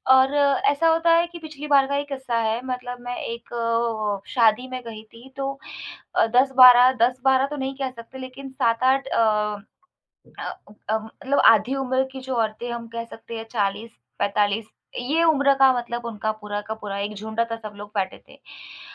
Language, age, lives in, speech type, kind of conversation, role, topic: Hindi, 25-29, India, conversation, advice, user, भीड़ में मुझे इतनी घबराहट और असहजता क्यों होती है?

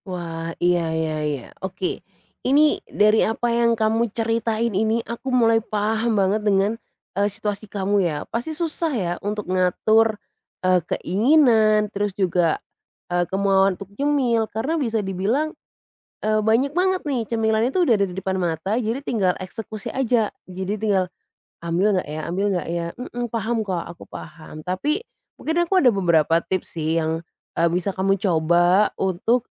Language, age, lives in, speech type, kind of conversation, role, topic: Indonesian, 25-29, Indonesia, conversation, advice, advisor, Bagaimana cara mengatasi keinginan ngemil saat sedang stres?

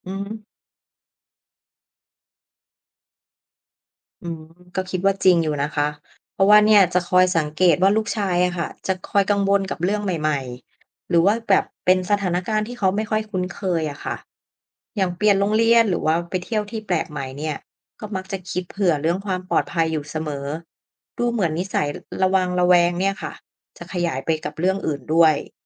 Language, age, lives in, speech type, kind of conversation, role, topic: Thai, 30-34, Thailand, read, expert-assistant, asker, ทำไมบางคนถึงกังวลเรื่องความปลอดภัยของอาหารมากกว่าคนอื่น? มันเกี่ยวกับประสบการณ์หรือความเชื่อไหม?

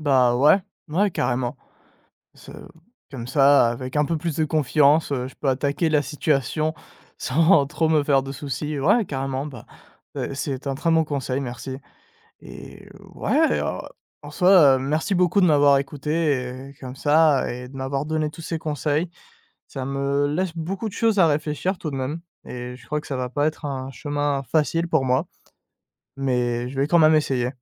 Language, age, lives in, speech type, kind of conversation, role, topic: French, 20-24, France, conversation, advice, user, Comment surmonter la peur de s’engager dans une nouvelle relation après un divorce ou une rupture douloureuse ?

